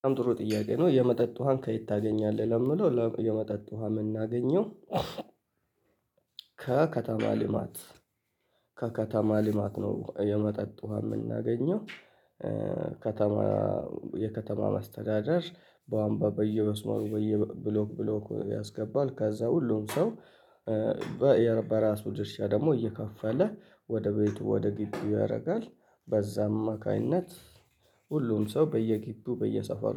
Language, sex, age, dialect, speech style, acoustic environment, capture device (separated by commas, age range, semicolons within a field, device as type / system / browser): Amharic, male, 25-34, Ethiopia - Addis Ababa, happy; relaxed; excited, people talking, mobile / Linux / Chrome